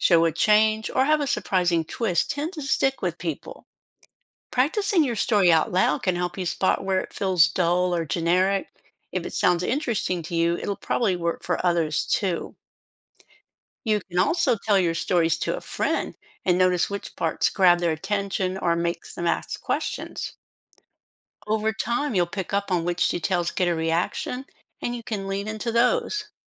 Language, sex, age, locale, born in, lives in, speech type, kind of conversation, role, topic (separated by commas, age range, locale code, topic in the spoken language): English, female, 60-64, en-US, United States, United States, read, expert-assistant, expert, What daily habits improve public relations skills?